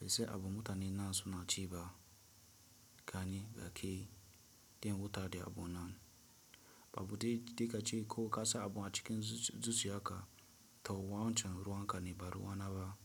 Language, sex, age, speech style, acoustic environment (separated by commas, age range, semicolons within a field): Hausa, male, 18-24, relaxed; stressed, silence